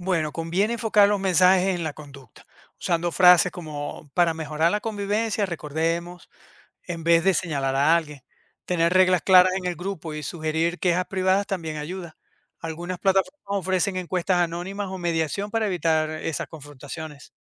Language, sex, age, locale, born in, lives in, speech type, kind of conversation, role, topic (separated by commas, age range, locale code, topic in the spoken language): Spanish, male, 50-54, es-VE, Venezuela, United States, read, expert-assistant, expert, ¿Qué ideas creativas podríamos aplicar de otras sociedades para mejorar la convivencia entre vecinos en ciudades grandes?